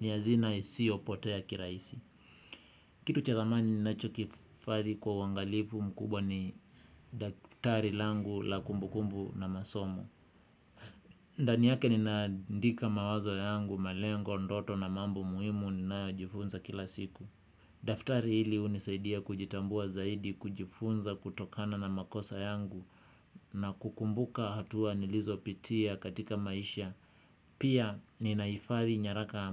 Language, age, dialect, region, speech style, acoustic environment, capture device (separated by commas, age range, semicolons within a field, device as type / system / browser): Swahili, 25-34, Kenya - Kitui, Kenya, relaxed; focused; excited; happy, nature, desktop / Windows / Chrome